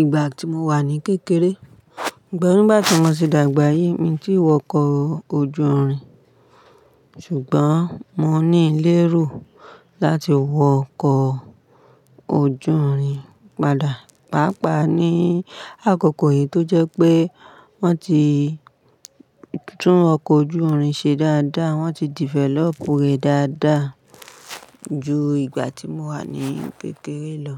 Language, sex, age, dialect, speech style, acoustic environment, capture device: Yoruba, female, 35-44, Nigeria - Ibadan, happy; relaxed, people talking; appliances, mobile / Linux / Chrome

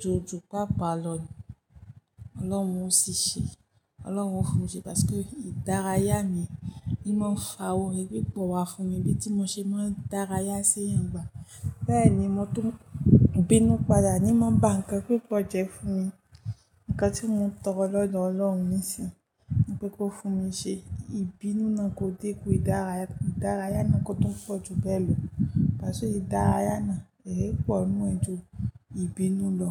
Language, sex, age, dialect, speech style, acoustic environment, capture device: Yoruba, female, 25-34, Nigeria - Ijebu, happy; focused, people talking; music; nature, mobile / Linux / Chrome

